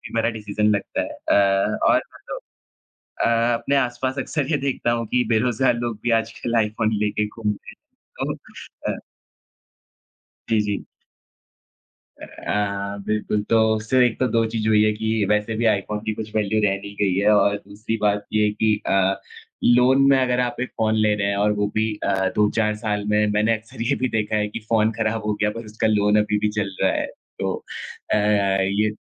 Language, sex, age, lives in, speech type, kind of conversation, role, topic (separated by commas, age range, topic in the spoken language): Hindi, male, 20-24, India, conversation, unstructured, none, क्रेडिट कार्ड का सही और गलत इस्तेमाल क्या होता है?